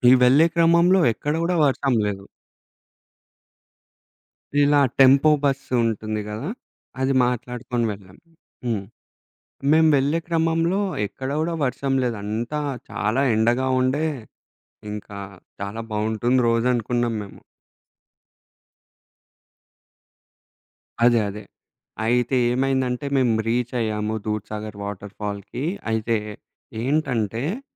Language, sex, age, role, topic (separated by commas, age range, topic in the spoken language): Telugu, male, 20-24, guest, వర్షాకాలంలో ప్రకృతి సాహసాలు చేపట్టేటప్పుడు మీరు ఏ ఏ జాగ్రత్తలు తీసుకుంటారు?